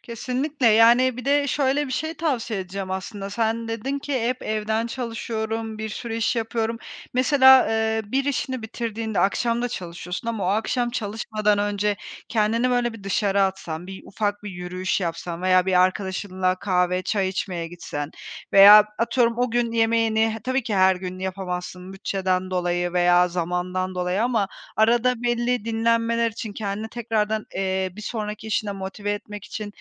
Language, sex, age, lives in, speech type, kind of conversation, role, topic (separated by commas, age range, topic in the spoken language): Turkish, female, 30-34, Spain, conversation, advice, advisor, Tükenmişlik sonrası işe dönerken iş ve dinlenme dengesini nasıl kurabilirim?